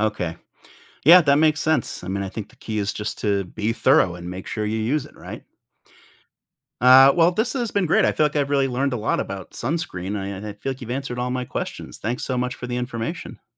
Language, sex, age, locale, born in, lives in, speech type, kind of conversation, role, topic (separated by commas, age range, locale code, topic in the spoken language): English, male, 45-49, en-US, United States, United States, read, expert-assistant, asker, How does sunscreen protect skin from the sun?